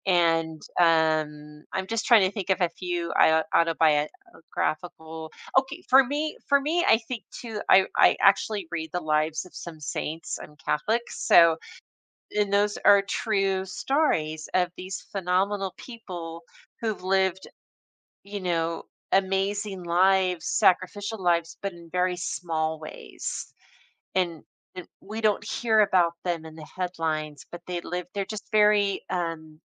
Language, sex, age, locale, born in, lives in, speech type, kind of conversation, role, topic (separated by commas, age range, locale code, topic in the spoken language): English, female, 55-59, en-US, United States, United States, conversation, podcast, guest, What makes certain stories leave a lasting impression on us?